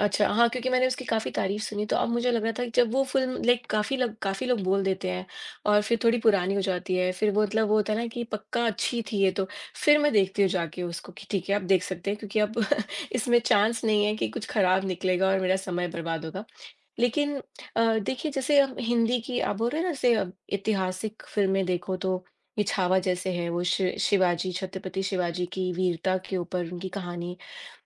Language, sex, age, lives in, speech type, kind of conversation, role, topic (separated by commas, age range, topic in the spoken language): Hindi, female, 40-44, United States, conversation, unstructured, none, फिल्में देखकर आप क्या सीखते हैं?